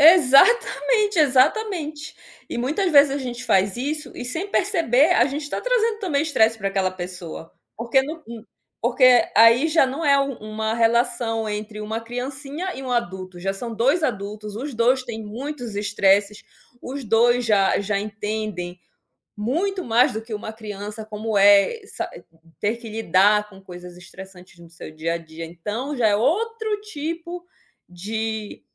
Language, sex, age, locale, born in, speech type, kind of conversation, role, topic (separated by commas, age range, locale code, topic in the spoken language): Portuguese, female, 30-34, pt-BR, Brazil, conversation, podcast, guest, Como vocês resolvem brigas em casa sem explodir?